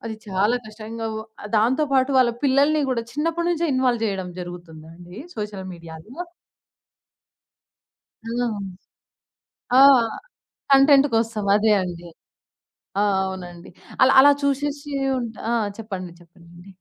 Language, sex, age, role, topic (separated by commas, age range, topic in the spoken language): Telugu, female, 20-24, guest, లైక్స్‌ కోసం మీరు మీ వ్యక్తిత్వాన్ని మార్చుకుంటారా?